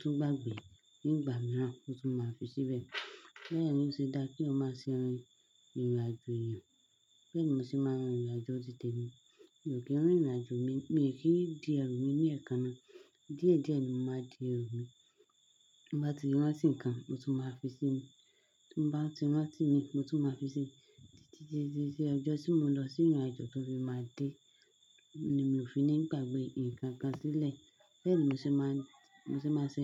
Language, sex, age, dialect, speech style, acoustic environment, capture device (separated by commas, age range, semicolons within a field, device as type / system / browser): Yoruba, female, 25-34, Nigeria - Lagos Yoruba, happy; focused, silence, desktop / Linux / Chrome